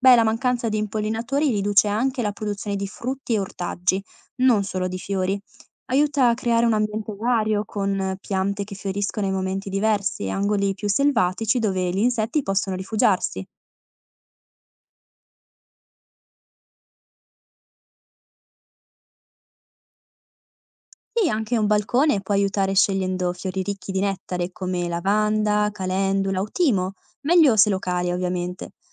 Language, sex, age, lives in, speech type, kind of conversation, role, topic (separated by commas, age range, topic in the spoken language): Italian, female, 20-24, Italy, read, expert-assistant, expert, Perché nel mio giardino vedo sempre meno farfalle rispetto agli anni scorsi?